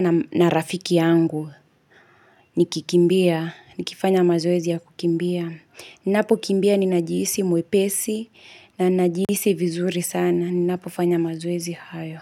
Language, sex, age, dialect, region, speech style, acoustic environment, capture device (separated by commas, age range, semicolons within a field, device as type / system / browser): Swahili, female, 25-34, Kenya - Kiambu, Kenya, relaxed, silence, mobile / Linux / Chrome